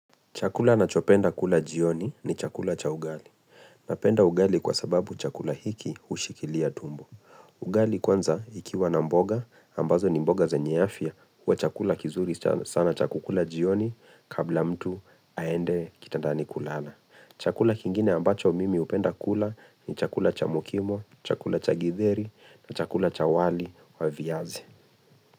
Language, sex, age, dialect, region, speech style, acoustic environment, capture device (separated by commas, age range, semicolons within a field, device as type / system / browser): Swahili, male, 25-34, Kenya - Nairobi Sheng-influenced, Kenya, relaxed, silence, mobile / Linux / Chrome